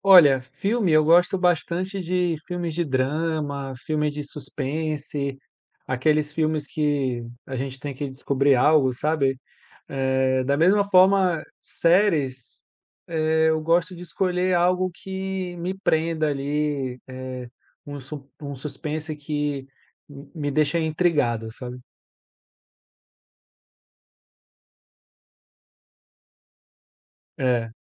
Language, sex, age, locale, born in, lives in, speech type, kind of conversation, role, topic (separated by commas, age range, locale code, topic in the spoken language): Portuguese, male, 35-39, pt-BR, Brazil, France, conversation, podcast, guest, Como você escolhe séries ou filmes para maratonar?